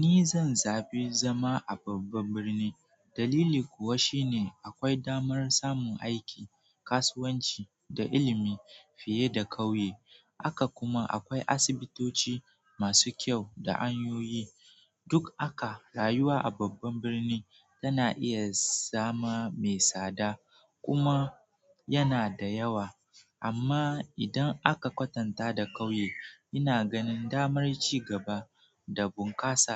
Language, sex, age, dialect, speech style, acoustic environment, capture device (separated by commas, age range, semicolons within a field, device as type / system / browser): Hausa, male, 18-24, Nigeria - Nigerian Standard, relaxed; focused, nature, mobile / Android / Chrome